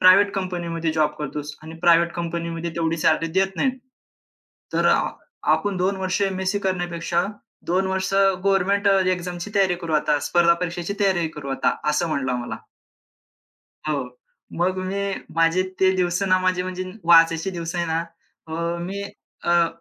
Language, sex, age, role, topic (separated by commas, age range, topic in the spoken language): Marathi, male, 25-29, guest, तुला एखादा खराब सल्ला मिळाल्यावर त्यातून तू काय शिकलास?